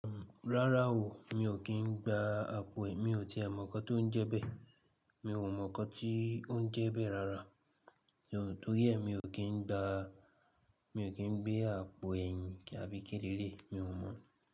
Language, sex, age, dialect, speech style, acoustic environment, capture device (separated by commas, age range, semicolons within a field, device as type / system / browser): Yoruba, male, 25-34, Nigeria - Ibadan, stressed, appliances, mobile / Linux / Chrome